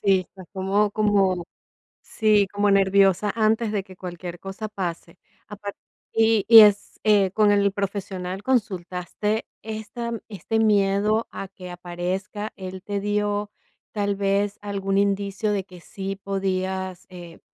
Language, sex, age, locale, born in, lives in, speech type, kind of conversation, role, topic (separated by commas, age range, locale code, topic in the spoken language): Spanish, female, 45-49, es-VE, Venezuela, United States, conversation, advice, advisor, ¿Cómo describirías tu preocupación persistente por tu salud?